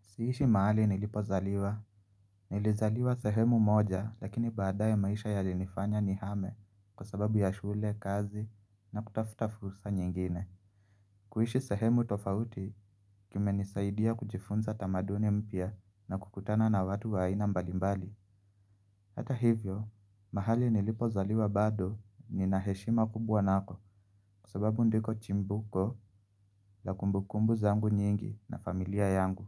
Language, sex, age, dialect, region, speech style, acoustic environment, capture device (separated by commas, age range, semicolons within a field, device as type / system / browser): Swahili, male, 25-34, Kenya - Nairobi Sheng-influenced, Kenya, relaxed, silence, mobile / Linux / Chrome